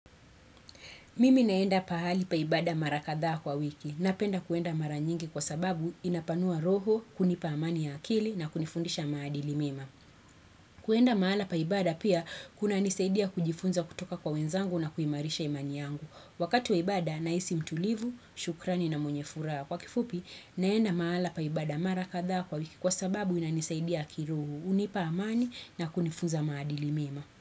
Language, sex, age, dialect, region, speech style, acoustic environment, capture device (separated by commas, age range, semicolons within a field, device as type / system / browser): Swahili, female, 25-34, Kenya - Nairobi Sheng-influenced, Kenya, happy, nature, desktop / Windows / Chrome